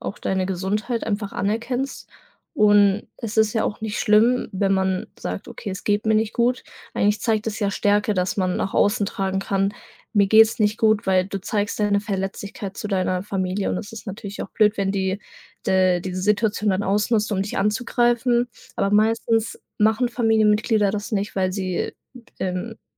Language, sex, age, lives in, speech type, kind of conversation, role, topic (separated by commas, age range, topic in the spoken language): German, female, 18-19, Germany, conversation, advice, advisor, Wie kann ich mit Schuldgefühlen gegenüber Kolleginnen und Kollegen sowie meiner Familie umgehen, weil ich mich nur langsam erhole?